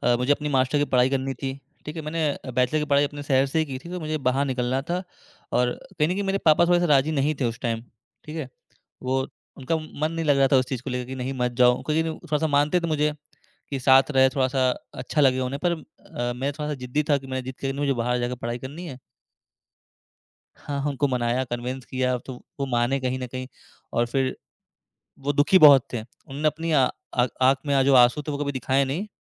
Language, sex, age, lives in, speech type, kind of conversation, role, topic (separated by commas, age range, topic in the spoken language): Hindi, male, 20-24, India, conversation, podcast, guest, अगर आपको अपने परिवार से भावनात्मक दूरी महसूस हो रही हो, तो आप क्या सलाह देंगे?